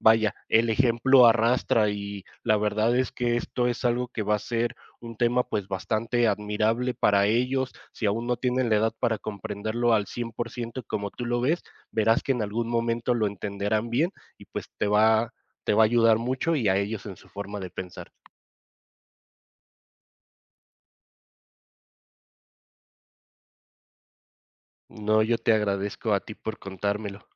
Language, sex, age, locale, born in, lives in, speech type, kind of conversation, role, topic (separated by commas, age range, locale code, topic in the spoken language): Spanish, male, 30-34, es-MX, Mexico, Mexico, conversation, advice, advisor, ¿Cómo puedo volver a estudiar siendo adulto y equilibrar mis responsabilidades?